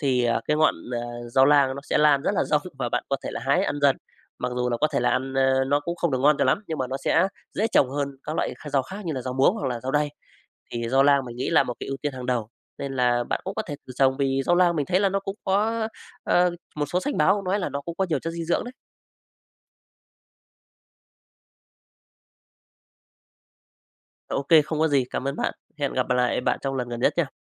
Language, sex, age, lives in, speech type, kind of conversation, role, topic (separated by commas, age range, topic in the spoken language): Vietnamese, male, 35-39, Vietnam, conversation, podcast, guest, Bạn có thể chia sẻ kinh nghiệm trồng cây và rau tại nhà không?